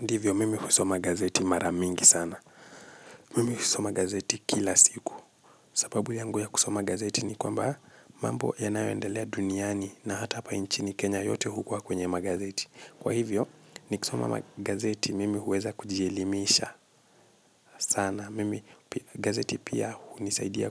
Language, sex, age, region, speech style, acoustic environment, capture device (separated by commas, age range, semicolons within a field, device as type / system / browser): Swahili, male, 25-34, Kenya, tired; relaxed, silence, mobile / Linux / Chrome